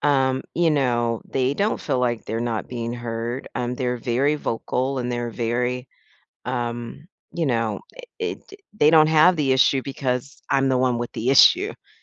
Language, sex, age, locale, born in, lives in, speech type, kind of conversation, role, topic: English, female, 50-54, en-US, United States, United States, conversation, advice, user, How can I make my family listen to me during discussions?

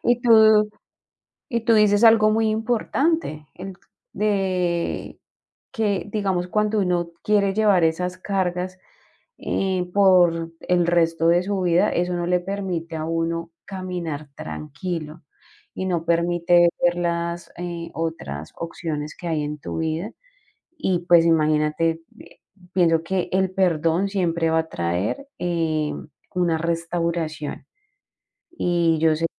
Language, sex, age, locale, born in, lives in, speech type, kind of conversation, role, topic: Spanish, female, 40-44, es-CO, Colombia, Spain, conversation, podcast, host, ¿Alguna vez tuviste que perdonar a un familiar y cómo fue?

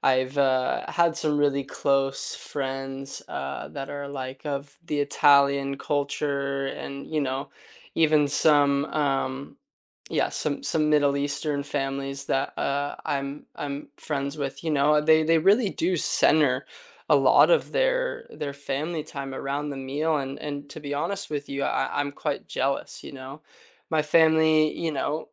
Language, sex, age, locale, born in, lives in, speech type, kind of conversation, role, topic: English, male, 20-24, en-US, United States, United States, conversation, unstructured, none, What role does food play in your family gatherings?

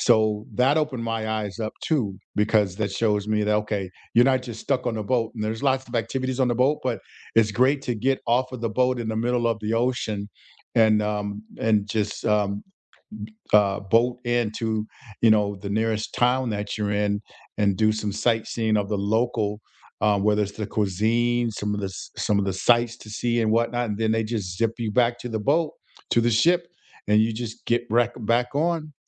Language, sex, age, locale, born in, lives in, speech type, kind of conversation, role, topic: English, male, 60-64, en-US, United States, United States, conversation, unstructured, none, What is your favorite way to travel and explore—by train to take in the views, by plane for speed, or on a road trip at your own pace?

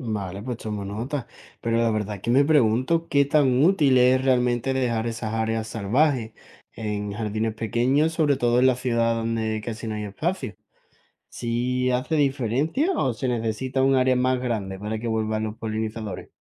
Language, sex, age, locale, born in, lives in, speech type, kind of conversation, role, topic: Spanish, male, 20-24, es-ES, Spain, Spain, read, expert-assistant, asker, ¿Cómo puedo atraer y apoyar a polinizadores en mi jardín y comunidad urbana?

